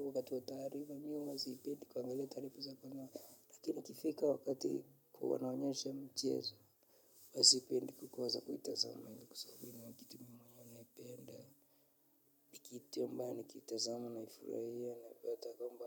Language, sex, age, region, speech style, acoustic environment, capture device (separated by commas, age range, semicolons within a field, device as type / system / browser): Swahili, male, 25-34, Kenya, relaxed, silence, mobile / Linux / Chrome